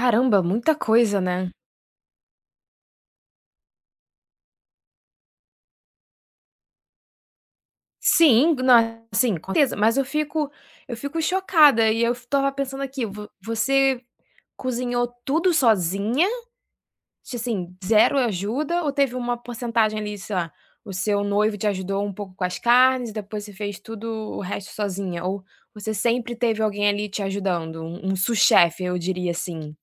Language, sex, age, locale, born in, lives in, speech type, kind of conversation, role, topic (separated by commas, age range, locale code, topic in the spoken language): Portuguese, female, 25-29, pt-BR, Brazil, France, conversation, podcast, host, Qual é a sua estratégia para cozinhar para muita gente?